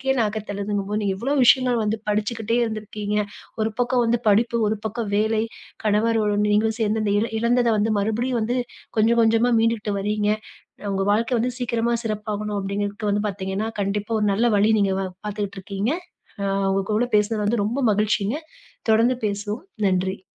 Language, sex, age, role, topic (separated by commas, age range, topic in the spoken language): Tamil, female, 30-34, host, படிப்பைத் தொடரலாமா அல்லது வேலை தொடங்கலாமா?